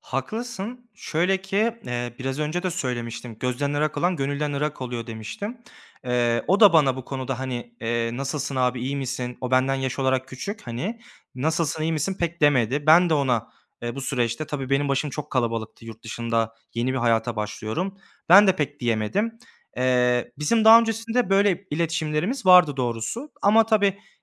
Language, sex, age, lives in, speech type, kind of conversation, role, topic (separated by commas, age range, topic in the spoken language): Turkish, male, 25-29, Germany, conversation, advice, user, Ortak çalışmada iletişim koptuğunda ekip motivasyonunu ve yaratıcılığı nasıl yeniden kazanabiliriz?